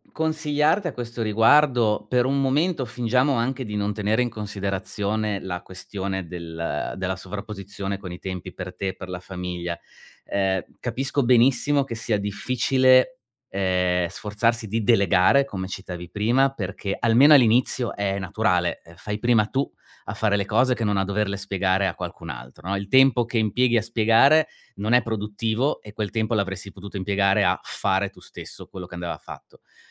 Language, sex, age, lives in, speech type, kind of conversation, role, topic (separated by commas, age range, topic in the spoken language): Italian, male, 40-44, Germany, conversation, advice, advisor, Come posso stabilire le priorità tra lavoro, famiglia e tempo libero?